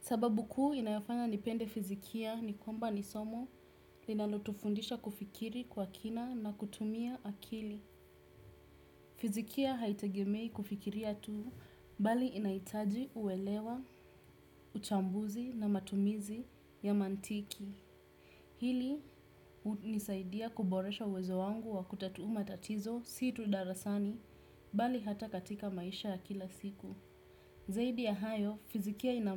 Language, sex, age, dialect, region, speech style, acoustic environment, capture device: Swahili, female, 18-24, Kenya - Nairobi Sheng-influenced, Kenya, relaxed, people talking, desktop / Windows / Chrome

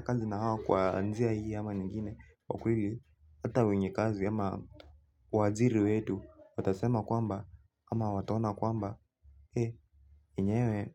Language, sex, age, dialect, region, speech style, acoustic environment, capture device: Swahili, male, 18-24, Kenya - Nairobi Sheng-influenced, Kenya, relaxed, people talking; music, mobile / Linux / Chrome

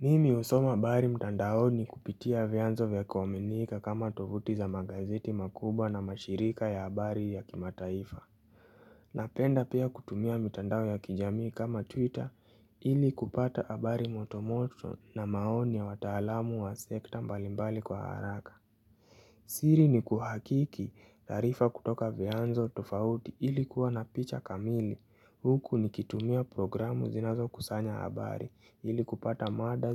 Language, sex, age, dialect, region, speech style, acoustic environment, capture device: Swahili, male, 18-24, Kenya - Nairobi Sheng-influenced, Kenya, happy; relaxed; focused; excited, nature; appliances, mobile / Linux / Chrome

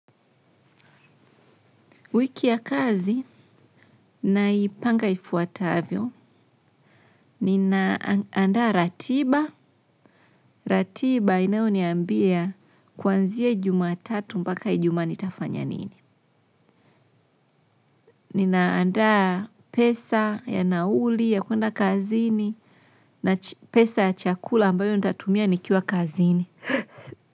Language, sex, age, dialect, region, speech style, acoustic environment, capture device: Swahili, female, 25-34, Tanzania - Dar es Salaam, Tanzania, relaxed, silence, mobile / Linux / Chrome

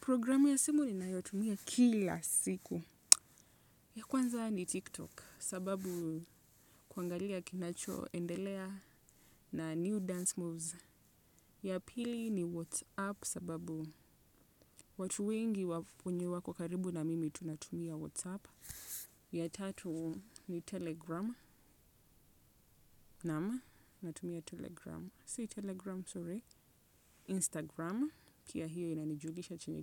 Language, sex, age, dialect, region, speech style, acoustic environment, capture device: Swahili, female, 25-34, Kenya - Nairobi Sheng-influenced, Kenya, relaxed, silence, desktop / Windows / Chrome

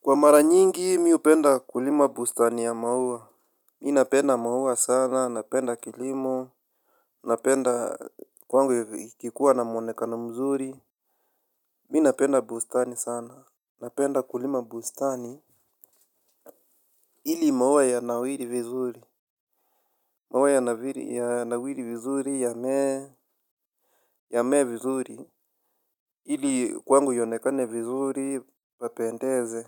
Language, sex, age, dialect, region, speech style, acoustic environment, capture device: Swahili, male, 25-34, Kenya - Nairobi Sheng-influenced, Kenya, happy, nature, mobile / Linux / Chrome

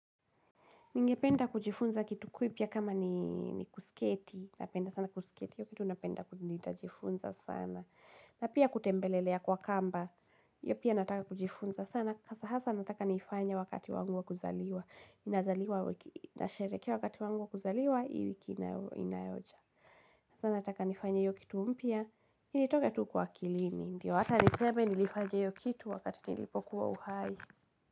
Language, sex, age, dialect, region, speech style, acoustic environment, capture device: Swahili, female, 18-24, Kenya - Kiambu, Kenya, happy, music, mobile / Linux / Chrome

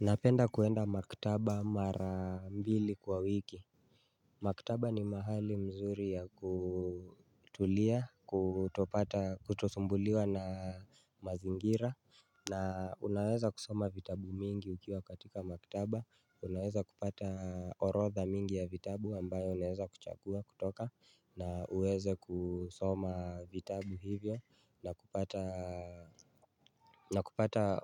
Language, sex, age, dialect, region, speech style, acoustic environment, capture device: Swahili, male, 25-34, Kenya - Nairobi Sheng-influenced, Kenya, focused, silence; nature, mobile / Linux / Chrome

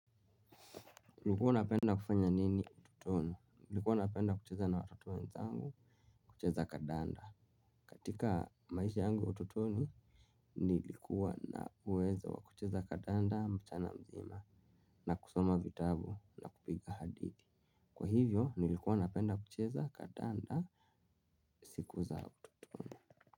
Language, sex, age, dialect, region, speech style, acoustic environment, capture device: Swahili, male, 25-34, Kenya - Nairobi Sheng-influenced, Kenya, relaxed, silence; nature, mobile / Linux / Chrome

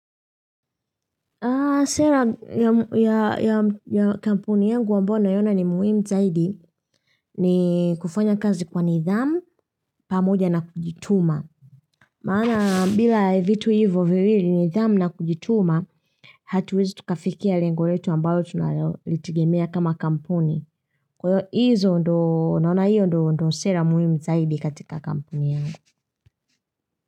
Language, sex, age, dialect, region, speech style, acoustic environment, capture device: Swahili, female, 25-34, Tanzania - Dar es Salaam, Tanzania, happy; relaxed; focused; excited, nature; silence, mobile / Linux / Chrome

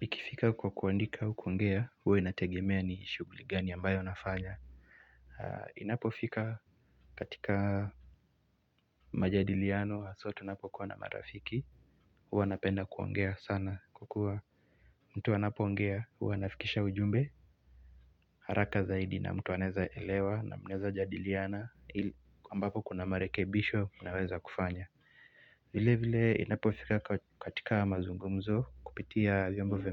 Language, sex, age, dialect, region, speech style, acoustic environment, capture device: Swahili, male, 18-24, Kenya - Nairobi Sheng-influenced, Kenya, relaxed, silence, mobile / Linux / Chrome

